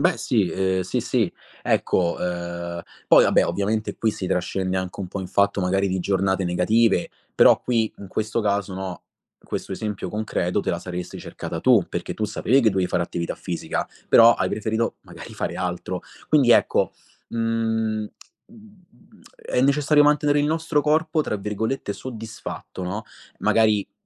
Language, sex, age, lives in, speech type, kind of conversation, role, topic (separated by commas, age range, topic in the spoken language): Italian, male, 25-29, Italy, conversation, podcast, guest, Quali abitudini alimentari ti fanno sentire meglio?